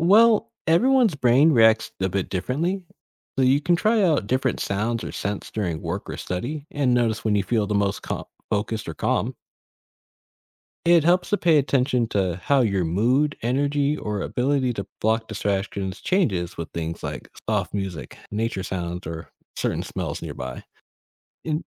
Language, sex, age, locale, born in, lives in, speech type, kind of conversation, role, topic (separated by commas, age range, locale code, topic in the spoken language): English, male, 25-29, en-US, United States, United States, read, expert-assistant, expert, How does the brain process information from the senses?